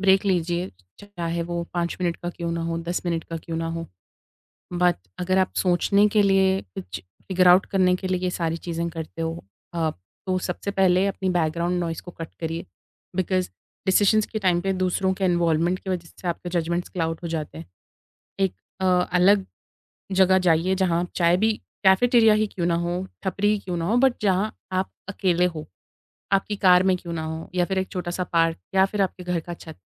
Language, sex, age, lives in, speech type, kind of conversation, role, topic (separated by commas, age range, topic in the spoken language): Hindi, female, 25-29, India, conversation, podcast, guest, Stress kam karne ke liye tum chai ka break lena zyada pasand karte ho ya thodi der walk par jaana?